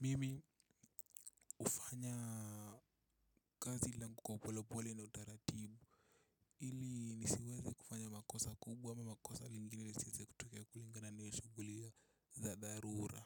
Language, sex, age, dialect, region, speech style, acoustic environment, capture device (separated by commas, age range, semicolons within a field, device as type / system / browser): Swahili, male, 18-24, Kenya - Nairobi Sheng-influenced, Kenya, nervous, people talking, mobile / Linux / Chrome